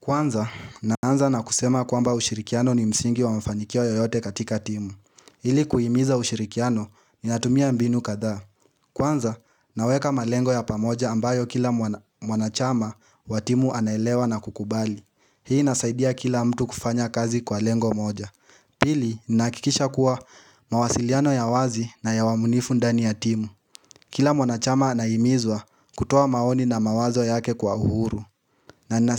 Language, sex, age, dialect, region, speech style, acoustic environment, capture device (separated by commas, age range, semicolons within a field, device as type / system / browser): Swahili, male, 18-24, Kenya - Nairobi Sheng-influenced, Kenya, tired; anxious; happy; excited; focused, nature; silence, mobile / Linux / Chrome